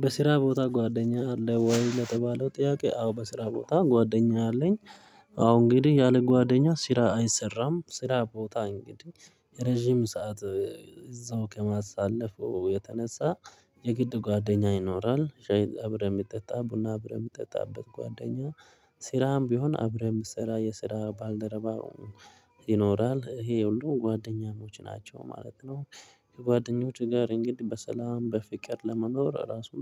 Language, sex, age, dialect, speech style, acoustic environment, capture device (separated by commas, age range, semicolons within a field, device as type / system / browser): Amharic, male, 25-34, Ethiopia - Addis Ababa, happy, people talking; traffic; nature, mobile / Linux / Chrome